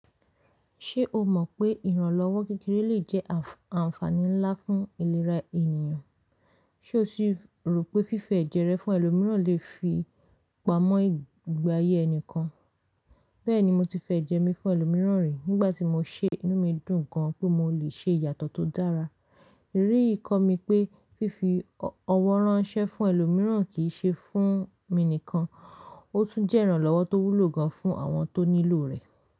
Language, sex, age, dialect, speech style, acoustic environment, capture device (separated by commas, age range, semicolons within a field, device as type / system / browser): Yoruba, female, 25-34, Nigeria - Lagos Yoruba, relaxed, silence, mobile / Linux / Chrome